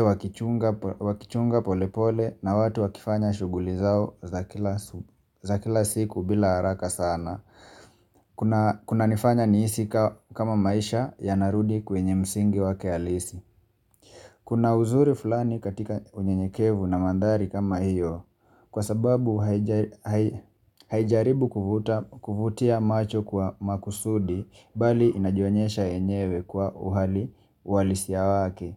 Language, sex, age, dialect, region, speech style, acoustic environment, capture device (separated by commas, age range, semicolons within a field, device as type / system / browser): Swahili, male, 25-34, Kenya - Mombasa, Kenya, relaxed, nature, mobile / Linux / Chrome